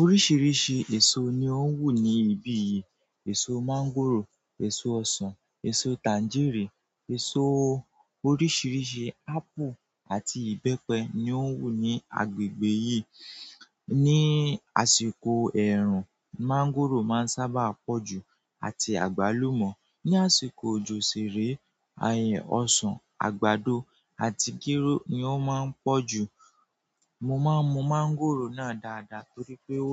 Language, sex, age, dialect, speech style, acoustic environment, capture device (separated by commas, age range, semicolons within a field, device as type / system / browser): Yoruba, male, 18-24, Nigeria - Nigerian Standard, relaxed; focused, nature, mobile / Android / Chrome